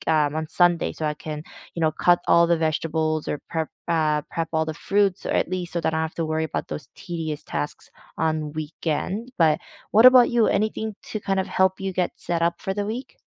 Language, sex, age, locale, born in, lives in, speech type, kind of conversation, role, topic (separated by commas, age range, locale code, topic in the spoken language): English, female, 30-34, en-CA, South Korea, Canada, conversation, unstructured, none, What does your ideal slow weekend look like, and what small rituals could we swap to recharge?